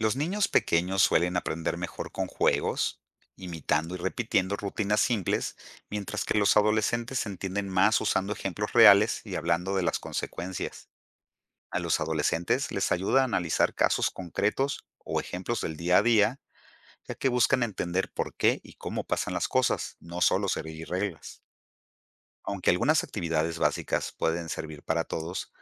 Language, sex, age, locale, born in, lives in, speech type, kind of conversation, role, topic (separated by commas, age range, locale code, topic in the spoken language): Spanish, male, 50-54, es-MX, Mexico, Mexico, read, expert-assistant, expert, ¿La educación vial en la infancia reduce los accidentes de tránsito?